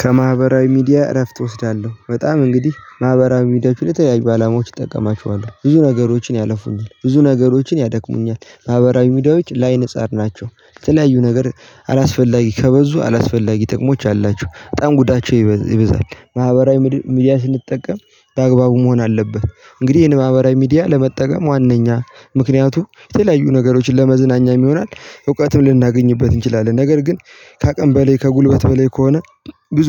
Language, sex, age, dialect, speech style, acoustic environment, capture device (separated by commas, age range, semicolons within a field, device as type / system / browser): Amharic, male, 18-24, Ethiopia - Gojjam, happy, people talking, mobile / Linux / Chrome